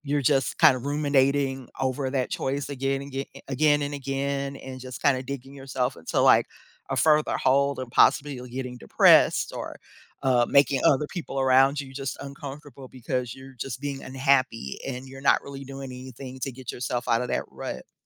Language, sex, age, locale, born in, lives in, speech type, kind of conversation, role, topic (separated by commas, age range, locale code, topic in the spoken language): English, female, 50-54, en-US, United States, United States, conversation, podcast, guest, How can we turn regret into a positive force for personal growth?